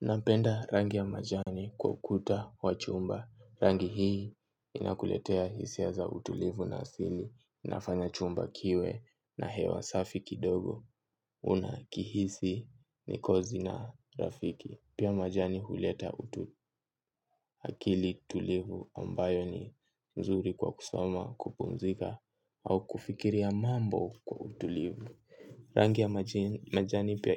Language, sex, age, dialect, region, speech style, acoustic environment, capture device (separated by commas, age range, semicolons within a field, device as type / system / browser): Swahili, male, 18-24, Kenya - Nairobi Sheng-influenced, Kenya, excited, silence, mobile / Linux / Chrome